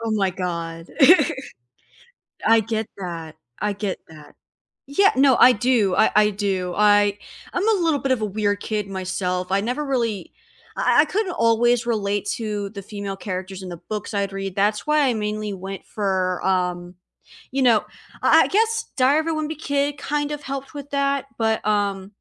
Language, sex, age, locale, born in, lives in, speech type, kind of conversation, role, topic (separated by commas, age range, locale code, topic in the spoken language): English, female, 20-24, en-US, United States, United States, conversation, unstructured, none, What hobby would you love to pick up this year, and how could we explore it together?